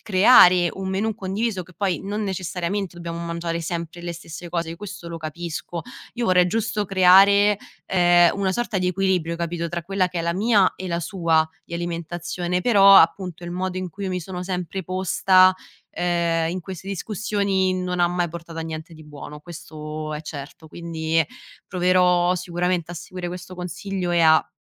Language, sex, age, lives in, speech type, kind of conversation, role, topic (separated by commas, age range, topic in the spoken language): Italian, female, 25-29, Italy, conversation, advice, user, Come posso gestire i litigi con il partner sulle scelte alimentari e sui pasti in casa?